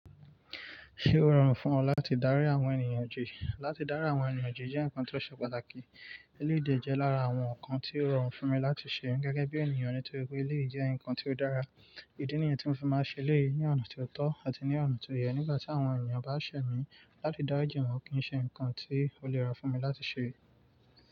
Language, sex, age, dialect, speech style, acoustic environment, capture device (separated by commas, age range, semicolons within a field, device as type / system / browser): Yoruba, male, 25-34, Nigeria - Nigerian Standard, relaxed, nature, mobile / Linux / Chrome